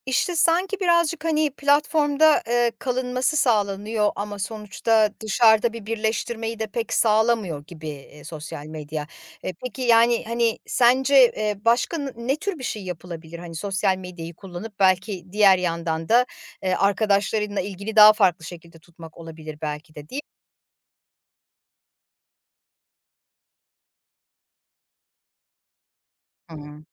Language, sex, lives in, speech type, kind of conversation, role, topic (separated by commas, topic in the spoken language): Turkish, female, Poland, conversation, podcast, host, Sosyal medyanın hayatını nasıl etkilediğini açıklar mısın?